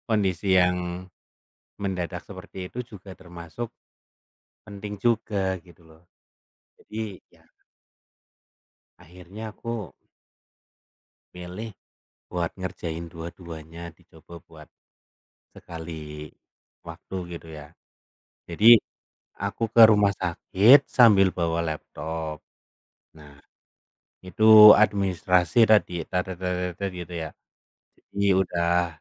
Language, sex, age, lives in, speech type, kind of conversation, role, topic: Indonesian, male, 30-34, Indonesia, conversation, unstructured, none, Bagaimana kamu menentukan prioritas dalam hidup?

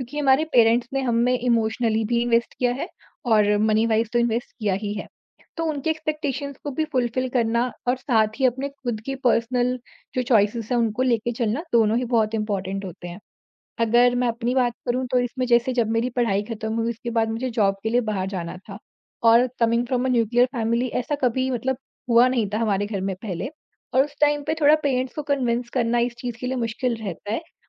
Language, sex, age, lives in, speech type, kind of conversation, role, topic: Hindi, female, 40-44, India, conversation, podcast, guest, Tum parents ki expectations aur apni khushi ke beech balance kaise banate ho?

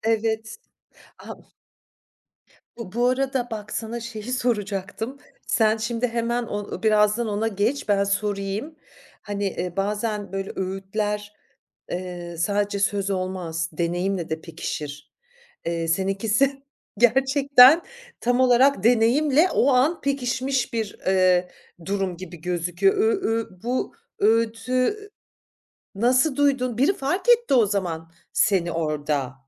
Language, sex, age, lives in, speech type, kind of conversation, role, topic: Turkish, female, 45-49, France, conversation, podcast, host, Hayatında aldığın en iyi öğüt neydi?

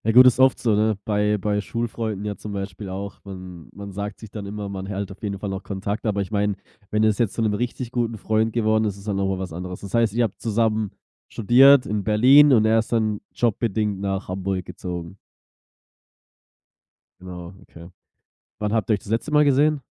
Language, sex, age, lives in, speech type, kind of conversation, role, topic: German, male, 25-29, Germany, conversation, advice, advisor, Wie kann ich den Kontakt zu alten Freundinnen und Freunden besser aufrechterhalten?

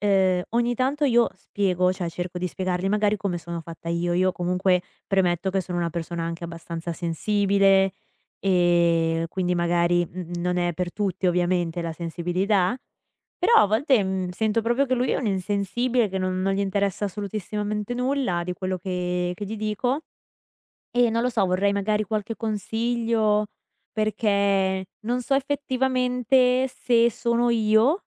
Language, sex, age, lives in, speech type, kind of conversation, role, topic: Italian, female, 20-24, United States, conversation, advice, user, Come comunichi i tuoi bisogni emotivi nella relazione?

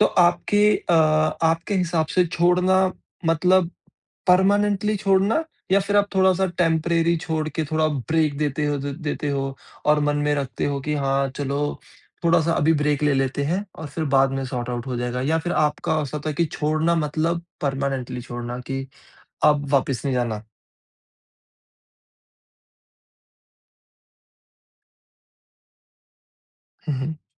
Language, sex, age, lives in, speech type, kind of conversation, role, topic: Hindi, male, 30-34, India, conversation, podcast, host, Kaise pata chalta hai ki humein kisi cheez ko chhod dena chahiye?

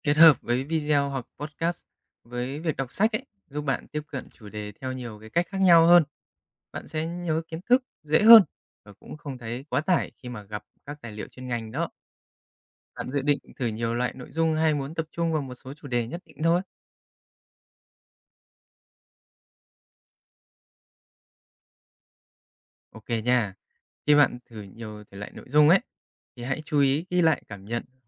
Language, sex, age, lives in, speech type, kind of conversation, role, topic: Vietnamese, male, 30-34, Japan, read, expert-assistant, expert, Làm thế nào để xây dựng thói quen đọc sách tiếng Anh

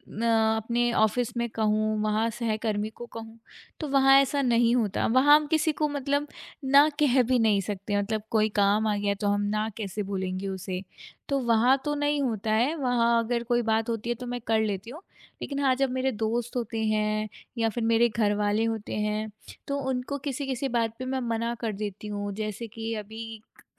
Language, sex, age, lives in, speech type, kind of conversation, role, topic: Hindi, female, 25-29, India, conversation, advice, user, किसी को ‘ना’ कहने पर आपको दोषी क्यों महसूस होता है?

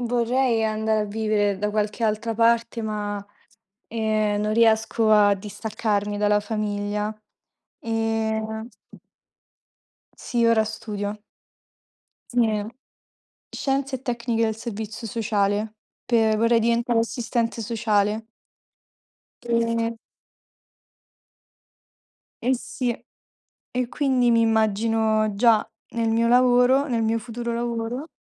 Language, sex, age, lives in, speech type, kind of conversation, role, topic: Italian, female, 20-24, Italy, conversation, unstructured, none, Come immagini la tua vita fra dieci anni?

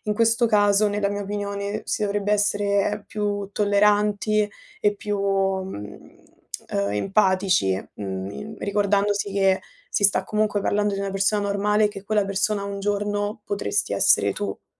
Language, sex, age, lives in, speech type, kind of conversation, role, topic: Italian, female, 20-24, Italy, conversation, unstructured, none, Come reagisci quando una celebrità si comporta in modo scorretto sui media?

